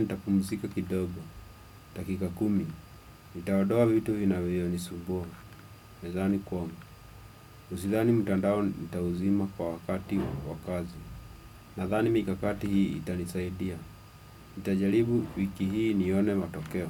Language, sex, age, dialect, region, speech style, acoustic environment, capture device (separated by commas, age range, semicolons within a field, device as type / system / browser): Swahili, male, 25-34, Kenya - Nairobi Sheng-influenced, Kenya, happy, silence, desktop / Windows / Chrome